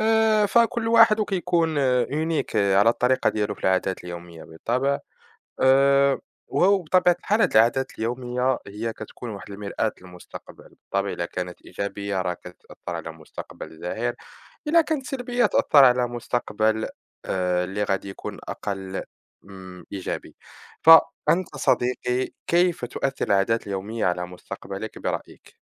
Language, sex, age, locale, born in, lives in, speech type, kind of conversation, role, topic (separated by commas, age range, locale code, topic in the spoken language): Arabic, male, 20-24, ar-MA, Morocco, France, conversation, unstructured, none, إزاي العادات اليومية بتأثر على مستقبلك؟